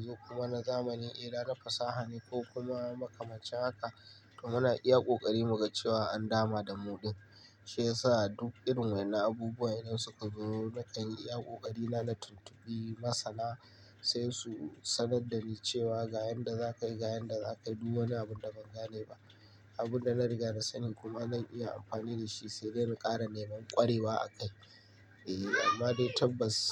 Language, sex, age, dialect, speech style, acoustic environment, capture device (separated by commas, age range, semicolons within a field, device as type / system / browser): Hausa, male, 25-34, Nigeria - Kano, happy, nature; appliances, mobile / Linux / Chrome